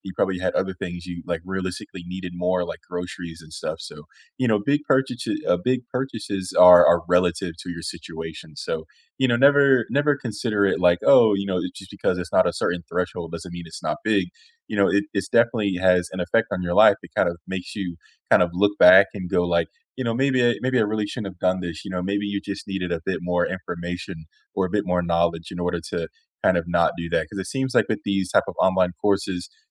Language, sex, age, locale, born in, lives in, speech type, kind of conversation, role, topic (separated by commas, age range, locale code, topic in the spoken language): English, male, 25-29, en-US, United States, United States, conversation, unstructured, none, Have you ever made a big purchase that you later regretted?